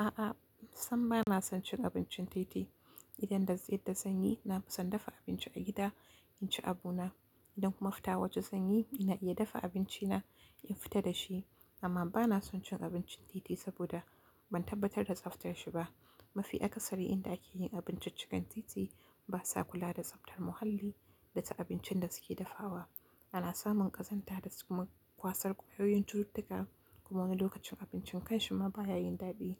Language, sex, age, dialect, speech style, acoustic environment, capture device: Hausa, female, 18-24, Nigeria - Kano, focused, silence, mobile / Linux / Chrome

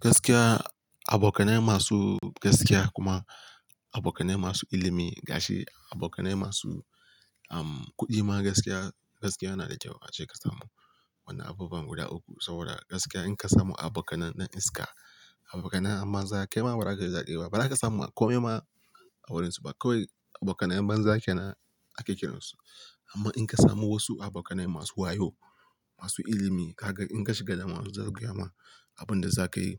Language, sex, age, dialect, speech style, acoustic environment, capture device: Hausa, male, 18-24, Nigeria - Kano, relaxed; excited; focused, silence; nature, mobile / Linux / Chrome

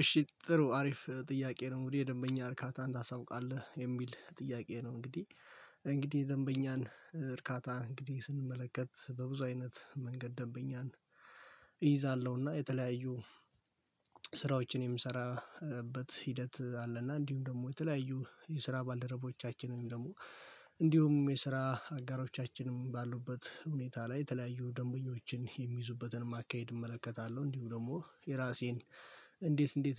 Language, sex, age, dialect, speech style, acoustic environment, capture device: Amharic, male, 18-24, Ethiopia - Addis Ababa, focused, nature, mobile / Linux / Chrome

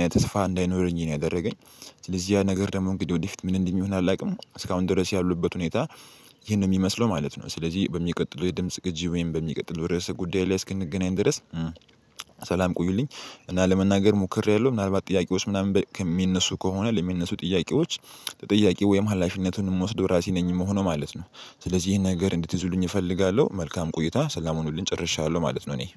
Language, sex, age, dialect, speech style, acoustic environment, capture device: Amharic, male, 18-24, Ethiopia - Addis Ababa, relaxed; excited, silence, mobile / Linux / Chrome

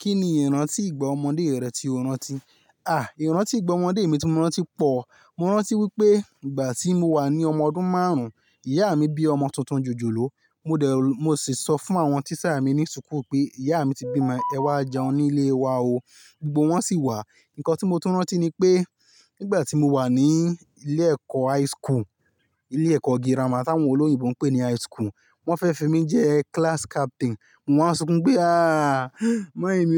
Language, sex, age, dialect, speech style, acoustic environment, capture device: Yoruba, male, 25-34, Nigeria - Ibadan, relaxed; excited, nature; silence, mobile / Linux / Chrome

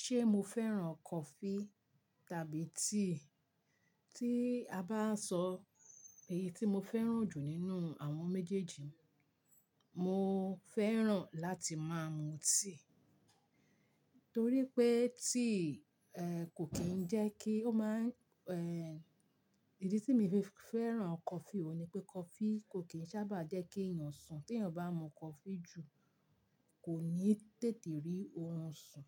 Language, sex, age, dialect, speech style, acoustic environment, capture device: Yoruba, female, 45-54, Nigeria - Ibadan, relaxed, people talking; silence, mobile / Linux / Chrome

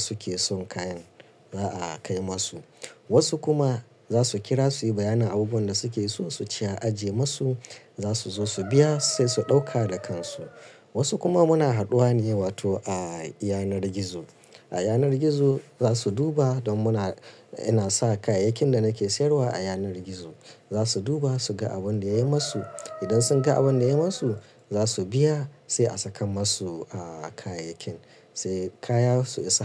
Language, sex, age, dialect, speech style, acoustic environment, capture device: Hausa, male, 35-44, Nigeria - Kano, nervous; excited; focused; stressed; relaxed; tired; anxious; happy, traffic; construction; appliances; silence; nature; music; people talking, mobile / Linux / Chrome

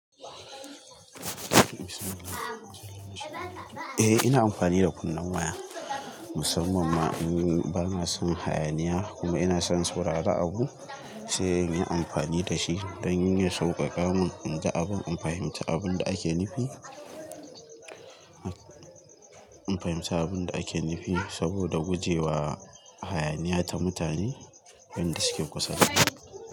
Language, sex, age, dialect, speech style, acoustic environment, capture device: Hausa, male, 18-24, Nigeria - Kano, tired, people talking, mobile / Linux / Chrome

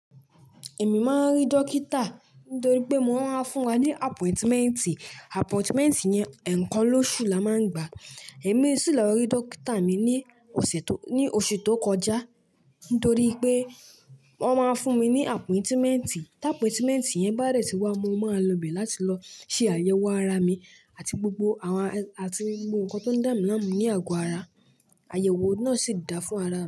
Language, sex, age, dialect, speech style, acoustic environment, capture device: Yoruba, male, 18-24, Nigeria - Lagos Yoruba, focused, silence; nature, desktop / Linux / Chrome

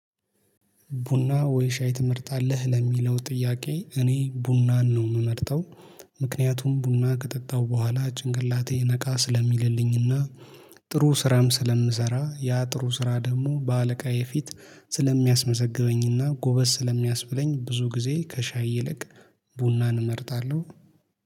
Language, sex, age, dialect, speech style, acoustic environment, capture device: Amharic, male, 25-34, Ethiopia - Addis Ababa, happy; focused, appliances, mobile / Linux / Chrome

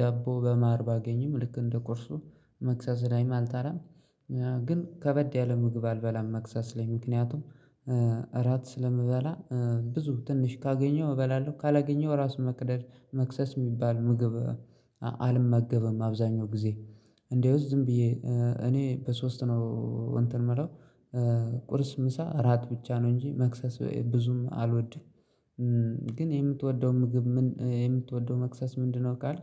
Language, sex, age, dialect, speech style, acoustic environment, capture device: Amharic, male, 25-34, Ethiopia - Gojjam, focused, silence, mobile / Linux / Chrome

